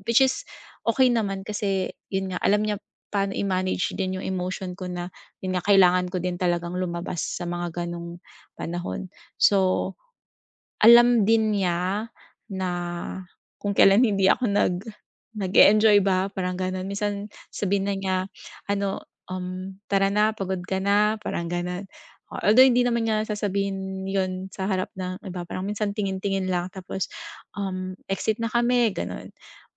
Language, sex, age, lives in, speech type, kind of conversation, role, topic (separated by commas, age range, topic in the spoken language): Filipino, female, 40-44, Philippines, conversation, advice, user, Paano ako makikisalamuha sa grupo kahit iba ang mga hilig nila?